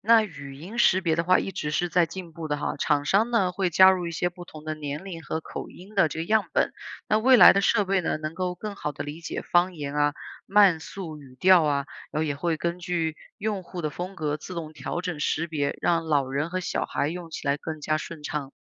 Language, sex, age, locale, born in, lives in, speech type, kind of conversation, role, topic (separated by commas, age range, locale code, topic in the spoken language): Chinese, female, 30-34, zh-CN, China, United States, read, expert-assistant, expert, 你觉得数字媒体还能和哪些日常生活场景结合，带来全新的体验？